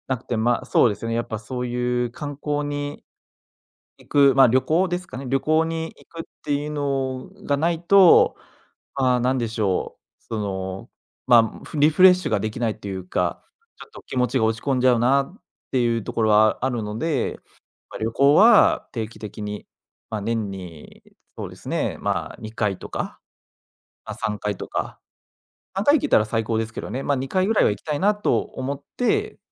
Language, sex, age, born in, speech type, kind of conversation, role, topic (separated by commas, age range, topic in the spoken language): Japanese, male, 25-29, Japan, conversation, advice, user, 趣味への出費と生活費のバランスが取れない